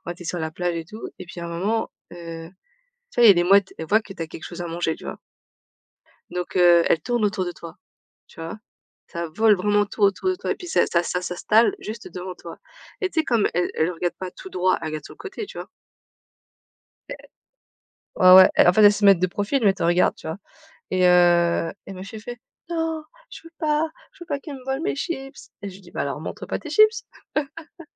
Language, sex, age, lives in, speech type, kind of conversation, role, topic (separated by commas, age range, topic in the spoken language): French, female, 35-39, France, conversation, unstructured, none, As-tu déjà vécu un moment drôle avec un animal ?